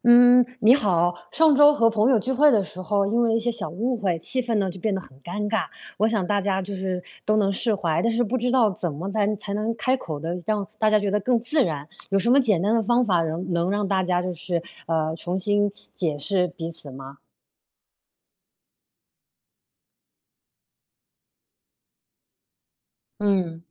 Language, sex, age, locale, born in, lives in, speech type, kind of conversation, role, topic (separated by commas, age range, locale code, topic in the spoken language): Chinese, female, 35-39, zh-CN, China, United States, read, expert-assistant, asker, 碰到朋友误会你时，有什么简单有效的方法可以让大家重新理解彼此？